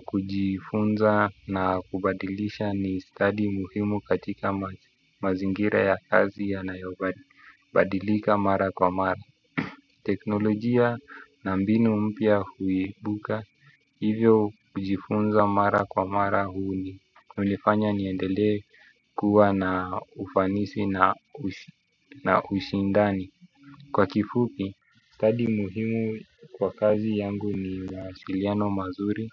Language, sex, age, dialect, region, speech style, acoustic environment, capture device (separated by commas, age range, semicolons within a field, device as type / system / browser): Swahili, male, 25-34, Kenya - Nairobi Sheng-influenced, Kenya, excited; focused, people talking; music, desktop / Windows / Chrome